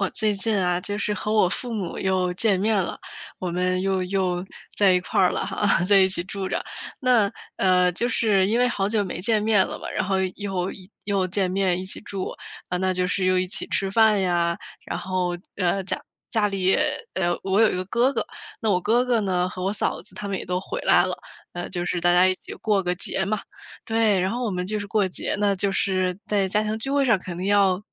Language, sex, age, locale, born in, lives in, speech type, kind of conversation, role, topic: Chinese, female, 25-29, zh-CN, China, United States, conversation, advice, user, 在家庭聚会上旧怨被翻出来引发争吵时，我该怎么处理？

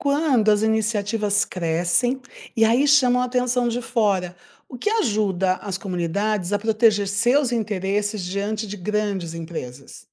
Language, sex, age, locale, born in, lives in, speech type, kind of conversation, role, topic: Portuguese, female, 60-64, pt-BR, Brazil, United States, read, expert-assistant, asker, Como funciona a relação entre recursos naturais e a economia de diferentes regiões do Brasil?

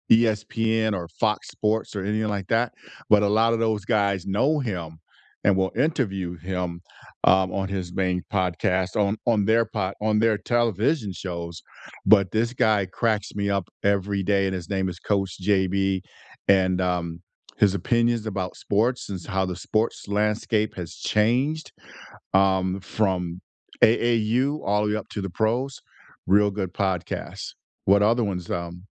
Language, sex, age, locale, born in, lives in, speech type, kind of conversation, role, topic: English, male, 60-64, en-US, United States, United States, conversation, unstructured, none, What under-the-radar podcasts or YouTube channels have you loved lately, and what makes them special?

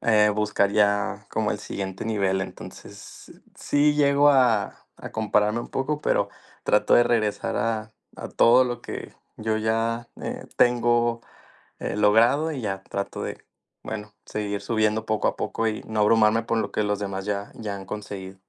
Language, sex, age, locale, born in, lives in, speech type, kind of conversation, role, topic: Spanish, male, 20-24, es-MX, Mexico, Mexico, conversation, unstructured, none, ¿Qué te hace sentir orgulloso/a de ti mismo/a?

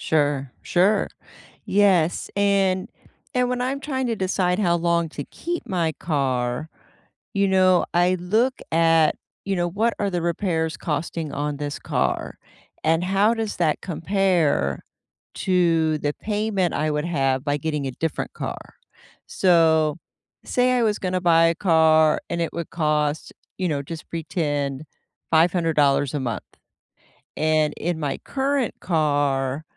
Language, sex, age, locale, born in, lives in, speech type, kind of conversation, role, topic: English, female, 55-59, en-US, United States, United States, conversation, unstructured, none, Should you keep your old car longer instead of upgrading to a newer, more efficient model?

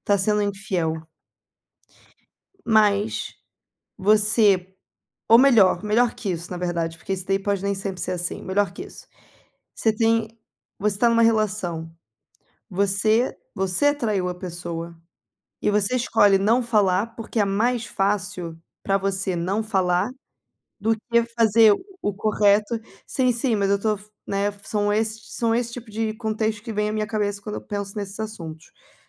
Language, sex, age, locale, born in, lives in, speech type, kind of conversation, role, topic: Portuguese, female, 25-29, pt-BR, Brazil, Portugal, conversation, unstructured, none, O que você faria se tivesse que escolher entre o que é fácil e o que é certo?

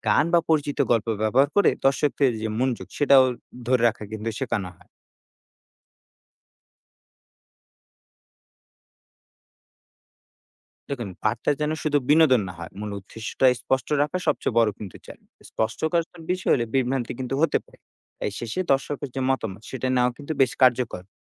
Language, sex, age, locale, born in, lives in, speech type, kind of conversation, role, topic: Bengali, male, 20-24, bn-BD, Bangladesh, Bangladesh, read, expert-assistant, expert, একটি দেশের শাসনব্যবস্থায় সাধারণ মানুষের অংশগ্রহণ বাড়ানোর জন্য সহজ কিছু উপায় কী হতে পারে?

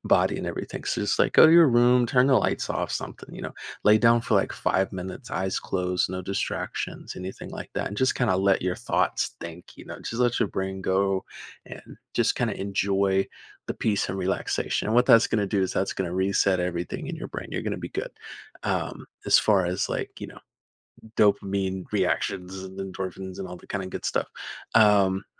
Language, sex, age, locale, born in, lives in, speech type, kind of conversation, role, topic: English, male, 20-24, en-US, United States, United States, conversation, advice, advisor, How can I manage daily stress better?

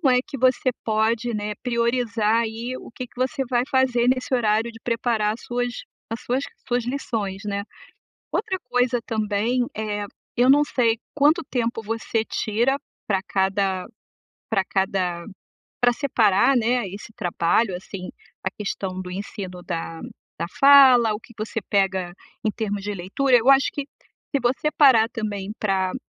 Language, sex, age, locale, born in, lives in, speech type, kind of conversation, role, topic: Portuguese, female, 55-59, pt-BR, Brazil, United States, conversation, advice, advisor, Como decidir o que priorizar quando há muitas opções importantes?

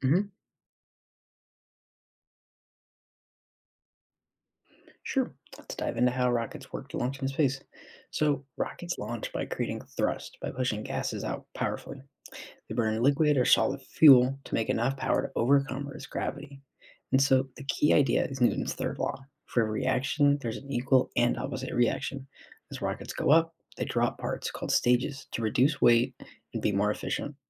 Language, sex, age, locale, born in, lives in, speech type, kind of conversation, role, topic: English, male, 20-24, en-US, United States, United States, read, expert-assistant, expert, How do rockets launch into space?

